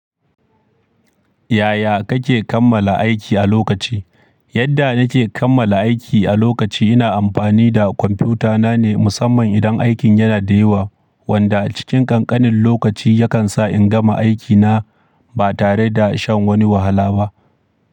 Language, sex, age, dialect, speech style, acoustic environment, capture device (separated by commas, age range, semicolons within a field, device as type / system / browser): Hausa, male, 25-34, Nigeria - Kano, happy, silence, mobile / Linux / Chrome